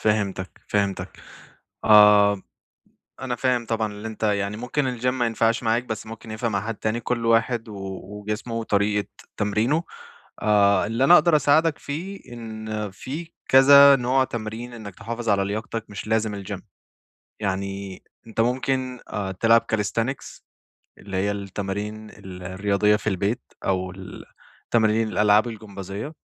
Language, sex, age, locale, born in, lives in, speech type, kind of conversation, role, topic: Arabic, male, 25-29, ar-EG, Saudi Arabia, Egypt, conversation, advice, advisor, إزاي أقدر أداوم على التمرين بانتظام من غير ما أحس بإرهاق أو ملل؟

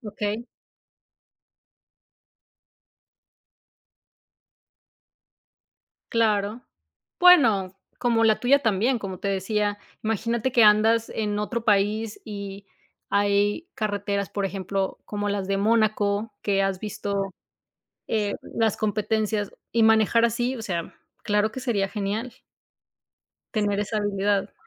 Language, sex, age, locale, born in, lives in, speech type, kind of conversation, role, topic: Spanish, female, 35-39, es-MX, Mexico, United States, conversation, unstructured, none, Si pudieras cambiar de lugar con cualquier persona por un día, ¿a quién elegirías?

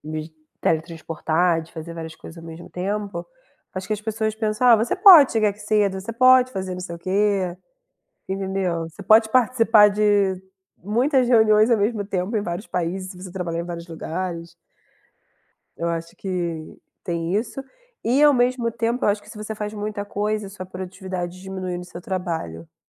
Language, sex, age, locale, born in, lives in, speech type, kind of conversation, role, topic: Portuguese, female, 30-34, pt-BR, Brazil, Germany, conversation, unstructured, none, Como você usaria a capacidade de se teletransportar instantaneamente para qualquer lugar?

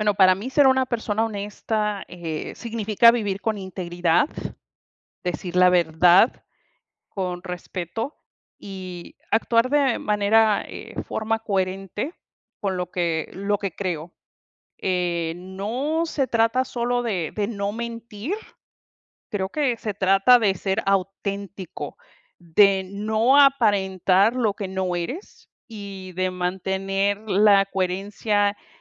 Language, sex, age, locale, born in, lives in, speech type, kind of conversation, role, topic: Spanish, female, 45-49, es-MX, United States, United States, conversation, unstructured, none, ¿Qué significa para ti ser una persona honesta?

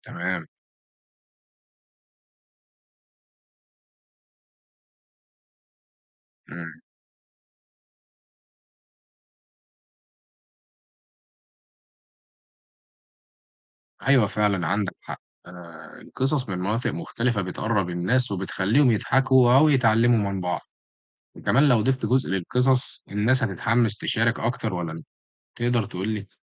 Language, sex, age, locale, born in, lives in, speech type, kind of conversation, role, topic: Arabic, male, 18-19, ar-EG, Egypt, Egypt, read, expert-assistant, asker, لو بفكر أعمل مشروع صغير عن مقارنة لهجتنا مع لهجات تانية، أبدأ إزاي وأنظمها إزاي؟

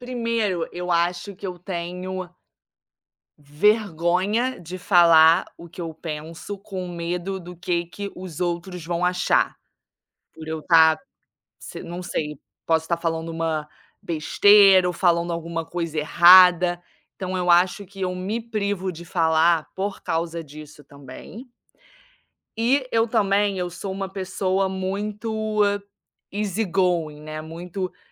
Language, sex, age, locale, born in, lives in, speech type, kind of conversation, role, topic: Portuguese, female, 25-29, pt-BR, Brazil, Spain, conversation, advice, user, Como posso conversar com meus amigos sobre conflitos e divergências sem acabar em uma briga?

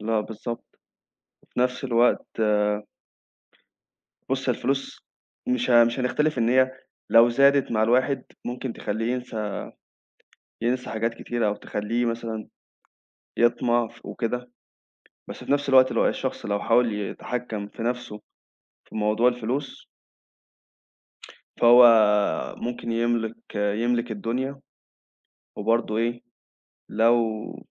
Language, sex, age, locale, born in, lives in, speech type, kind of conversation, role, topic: Arabic, male, 20-24, ar-EG, Egypt, Egypt, conversation, unstructured, none, هل الفلوس ساعدتك قبل كده إنك تحقق حلم من أحلامك؟ إزاي؟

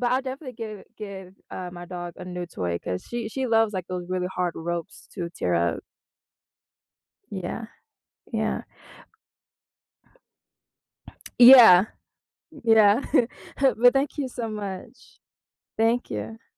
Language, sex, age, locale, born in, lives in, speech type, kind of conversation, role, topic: English, female, 20-24, en-US, United States, United States, conversation, advice, user, How can I share good news with my family in a meaningful and considerate way?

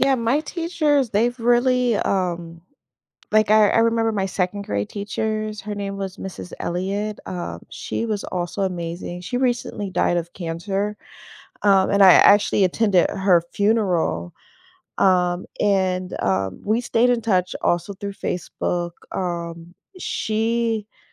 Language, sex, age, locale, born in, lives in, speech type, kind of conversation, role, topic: English, female, 40-44, en-US, United States, United States, conversation, unstructured, none, Which class surprised you in a good way, and how did it change your perspective?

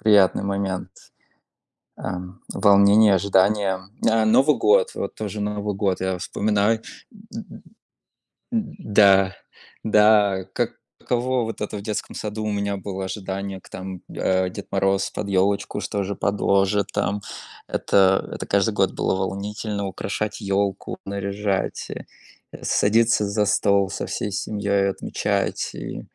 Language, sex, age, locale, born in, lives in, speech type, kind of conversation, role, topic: Russian, male, 30-34, ru-RU, Russia, United States, conversation, unstructured, none, Какие мелочи из прошлого делают тебя счастливым?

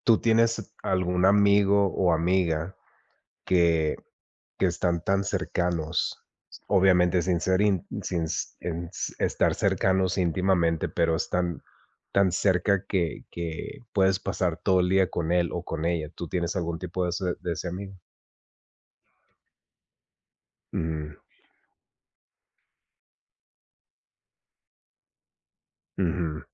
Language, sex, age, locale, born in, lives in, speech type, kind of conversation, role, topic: Spanish, male, 40-44, es-MX, United States, United States, conversation, unstructured, none, ¿Qué valoras más en una relación amorosa?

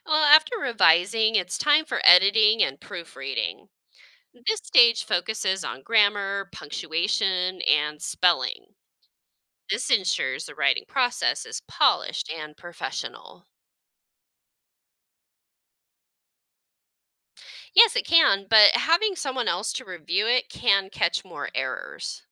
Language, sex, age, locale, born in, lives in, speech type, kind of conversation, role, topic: English, female, 40-44, en-US, United States, United States, read, expert-assistant, expert, What are the steps involved in the creative writing process?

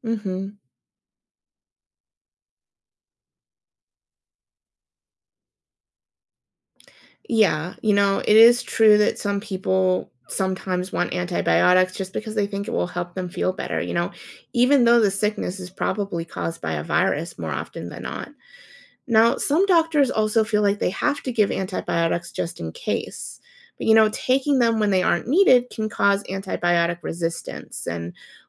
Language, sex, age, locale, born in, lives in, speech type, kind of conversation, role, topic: English, female, 30-34, en-US, United States, United States, read, expert-assistant, expert, What are the main differences between bacteria and viruses?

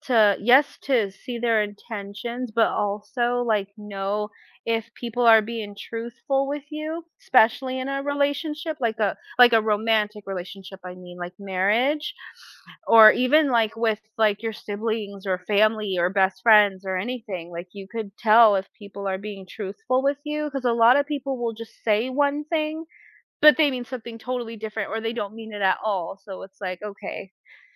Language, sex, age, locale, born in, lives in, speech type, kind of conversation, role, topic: English, female, 30-34, en-US, United States, United States, conversation, unstructured, none, How might having the ability to read minds change the way we interact with others?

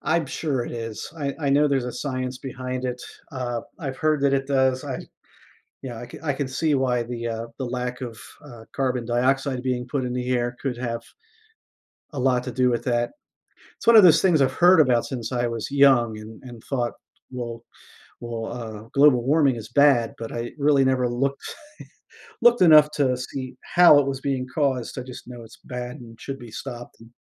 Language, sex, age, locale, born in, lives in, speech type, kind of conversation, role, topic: English, male, 50-54, en-US, United States, United States, conversation, podcast, guest, How does deforestation impact the health of our planet and its ecosystems?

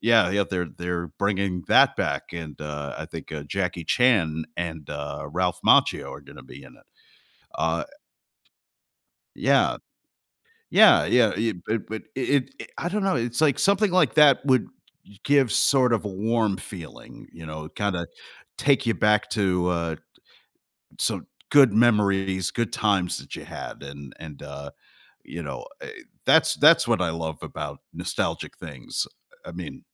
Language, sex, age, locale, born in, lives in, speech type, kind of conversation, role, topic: English, male, 45-49, en-US, United States, United States, conversation, podcast, host, Why do familiar memories and past experiences have such a strong impact on what we enjoy in entertainment?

